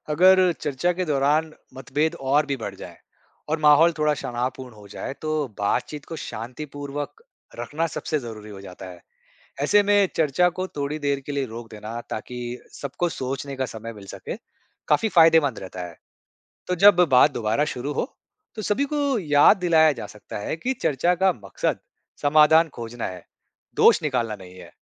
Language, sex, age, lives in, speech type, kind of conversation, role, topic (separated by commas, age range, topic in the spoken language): Hindi, male, 35-39, India, read, expert-assistant, expert, टीम वर्क को मजबूत और समावेशी बनाने के लिए कौन-से तरीके अपनाए जा सकते हैं?